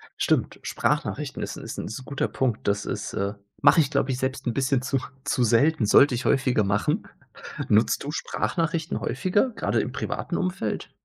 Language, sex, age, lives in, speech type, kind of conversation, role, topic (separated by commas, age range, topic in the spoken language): German, male, 30-34, Germany, conversation, podcast, host, Was machst du, wenn deine Nachrichten oft missverstanden werden?